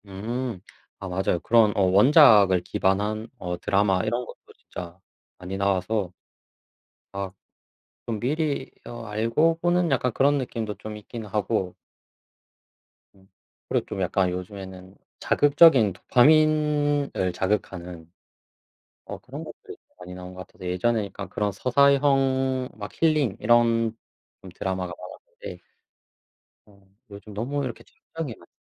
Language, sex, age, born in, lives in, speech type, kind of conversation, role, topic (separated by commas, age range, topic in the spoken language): Korean, male, 25-29, South Korea, South Korea, conversation, unstructured, none, 최근에 본 드라마 중에서 가장 인상 깊었던 작품은 무엇인가요?